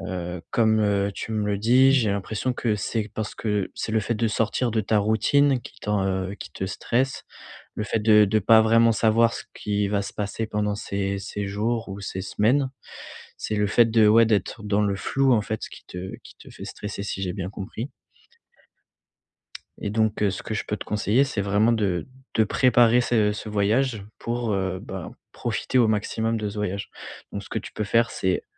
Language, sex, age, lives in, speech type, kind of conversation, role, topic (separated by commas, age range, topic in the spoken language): French, male, 20-24, France, conversation, advice, advisor, Comment gérer mon anxiété avant et pendant un voyage ?